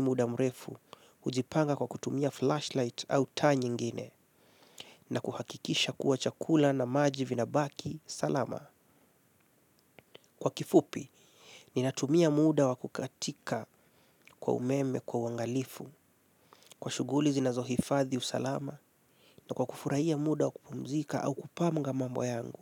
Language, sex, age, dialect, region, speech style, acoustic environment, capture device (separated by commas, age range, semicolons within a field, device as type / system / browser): Swahili, male, 25-34, Kenya - Mombasa, Kenya, relaxed; focused, silence, mobile / Linux / Chrome